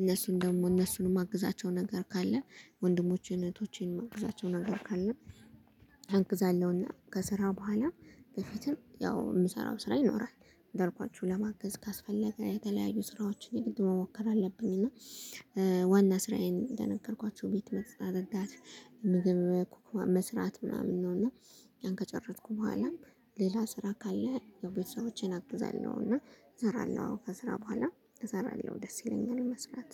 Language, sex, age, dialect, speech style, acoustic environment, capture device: Amharic, female, 25-34, Ethiopia - Gondar, focused, nature, mobile / Linux / Chrome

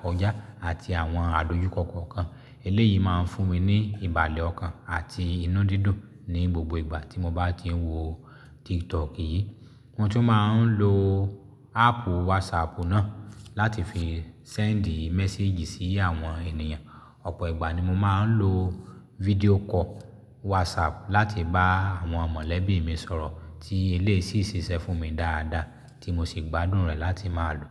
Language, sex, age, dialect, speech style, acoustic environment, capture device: Yoruba, male, 35-44, Nigeria - Lagos Yoruba, happy; excited; focused; relaxed, silence, mobile / Linux / Chrome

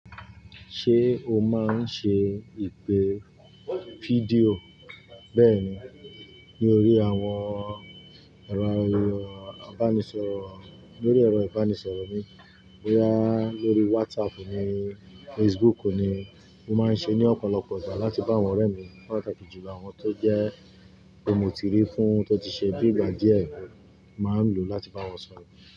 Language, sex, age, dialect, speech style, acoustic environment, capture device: Yoruba, male, 35-44, Nigeria - Ibadan, relaxed, appliances, mobile / Linux / Chrome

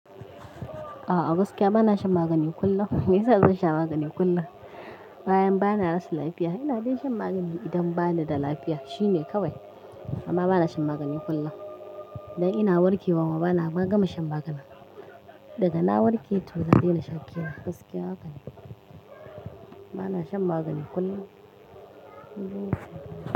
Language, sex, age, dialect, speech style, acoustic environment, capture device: Hausa, female, 25-34, Nigeria - Kano, happy, music; people talking, mobile / Linux / Chrome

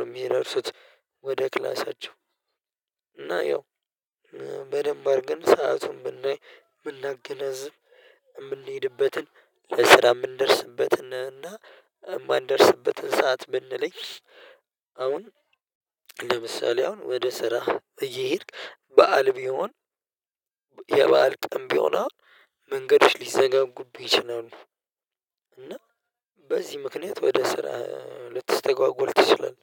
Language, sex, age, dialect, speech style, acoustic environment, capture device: Amharic, male, 25-34, Ethiopia - Addis Ababa, happy; relaxed, nature; silence, mobile / Linux / Chrome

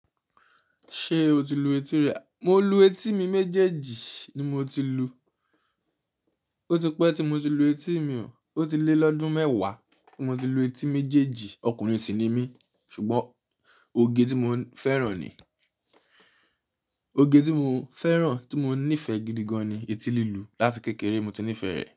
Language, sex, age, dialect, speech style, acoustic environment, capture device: Yoruba, male, 25-34, Nigeria - Nigerian Standard, focused, silence, mobile / Linux / Chrome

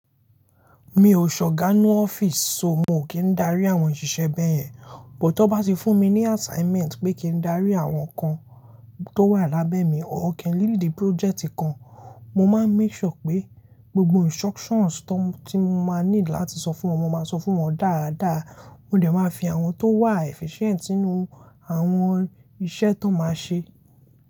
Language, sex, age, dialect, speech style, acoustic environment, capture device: Yoruba, male, 18-24, Nigeria - Lagos Yoruba, happy; focused, silence, mobile / Linux / Chrome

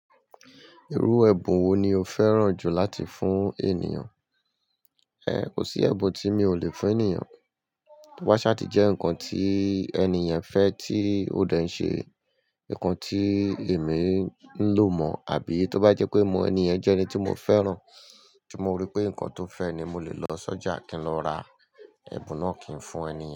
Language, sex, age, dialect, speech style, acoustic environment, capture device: Yoruba, male, 35-44, Nigeria - Lagos Yoruba, tired, people talking, mobile / Linux / Chrome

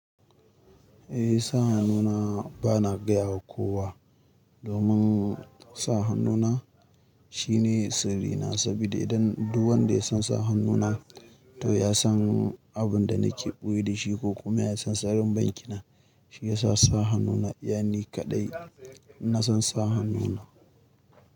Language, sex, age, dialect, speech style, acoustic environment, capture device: Hausa, male, 25-34, Nigeria - Kano, nervous; excited; focused; stressed; happy; anxious; tired; relaxed, music; people talking; nature; silence; traffic; construction; appliances, mobile / Linux / Chrome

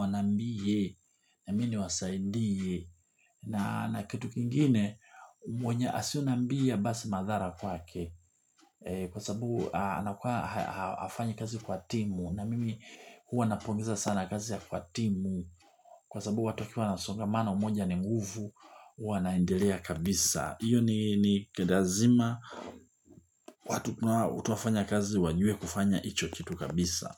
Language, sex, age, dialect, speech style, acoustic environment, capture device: Swahili, male, 45-54, Tanzania - Dar es Salaam, relaxed, people talking, mobile / Linux / Chrome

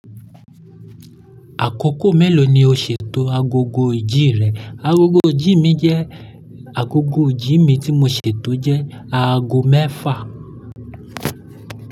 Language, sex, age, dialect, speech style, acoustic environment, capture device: Yoruba, male, 25-34, Nigeria - Lagos Yoruba, excited, silence, mobile / Linux / Chrome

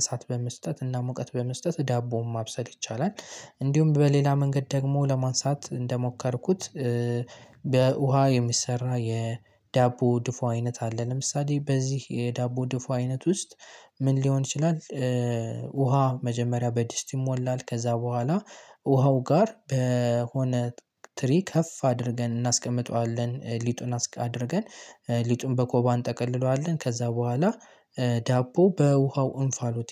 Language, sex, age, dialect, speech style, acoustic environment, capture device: Amharic, male, 18-24, Ethiopia - Gojjam, relaxed; nervous; excited; happy, silence, mobile / Linux / Chrome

